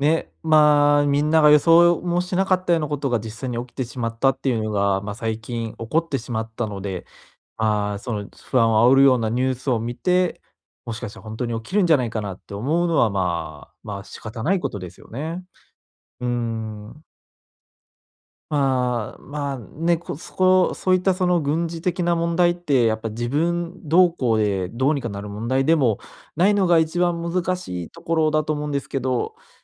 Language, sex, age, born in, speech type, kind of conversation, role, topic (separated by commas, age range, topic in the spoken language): Japanese, male, 25-29, Japan, conversation, advice, advisor, 不確実性の中で次の一歩に集中する方法